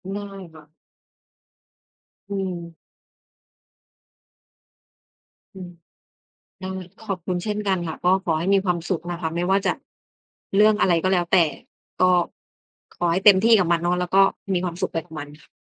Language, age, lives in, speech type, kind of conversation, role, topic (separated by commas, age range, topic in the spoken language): Thai, 30-34, Thailand, conversation, unstructured, none, คุณคิดว่าความสุขในชีวิตมีความหมายว่าอย่างไร?